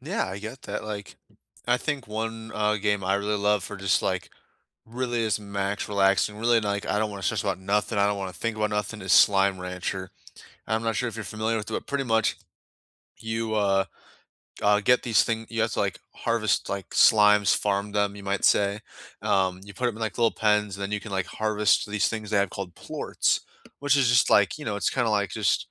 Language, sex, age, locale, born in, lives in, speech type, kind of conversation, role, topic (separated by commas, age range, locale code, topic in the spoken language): English, male, 20-24, en-US, United States, United States, conversation, unstructured, none, What games help you unwind after a long day, and why do they work for you?